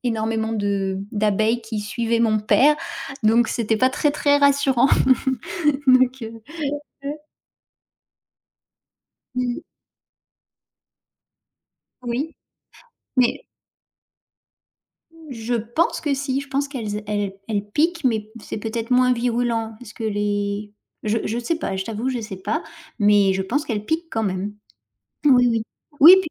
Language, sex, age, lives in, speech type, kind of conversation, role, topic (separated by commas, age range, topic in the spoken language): French, female, 35-39, France, conversation, unstructured, none, Pourquoi les abeilles sont-elles essentielles à la nature ?